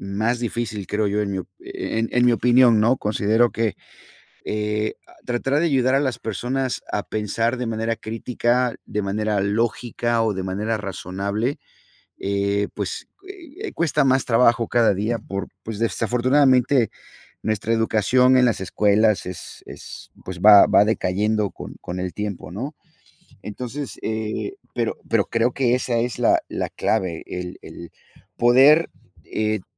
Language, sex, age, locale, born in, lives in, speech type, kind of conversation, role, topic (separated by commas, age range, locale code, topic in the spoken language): Spanish, male, 50-54, es-MX, United States, United States, conversation, unstructured, none, ¿Crees que las noticias falsas son un problema serio hoy?